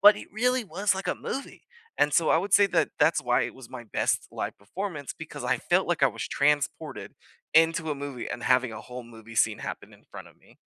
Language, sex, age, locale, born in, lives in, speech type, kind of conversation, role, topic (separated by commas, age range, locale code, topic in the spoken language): English, male, 25-29, en-US, United States, United States, conversation, unstructured, none, What is the best live performance you have ever attended, and why?